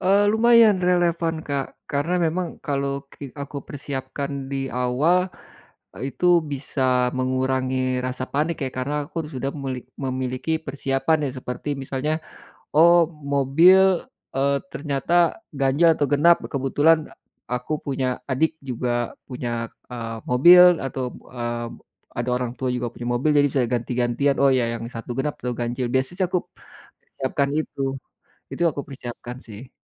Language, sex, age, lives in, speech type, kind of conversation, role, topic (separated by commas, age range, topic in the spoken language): Indonesian, male, 45-49, Indonesia, conversation, advice, user, Mengapa saya merasa cemas sebelum tidur hingga pikiran terus aktif?